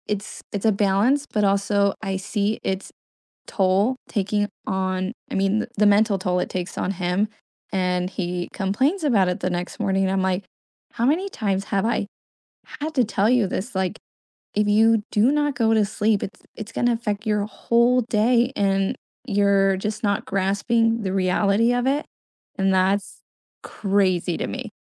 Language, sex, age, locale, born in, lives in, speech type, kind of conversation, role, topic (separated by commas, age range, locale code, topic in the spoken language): English, female, 25-29, en-US, United States, United States, conversation, unstructured, none, What role does sleep play in your mental health?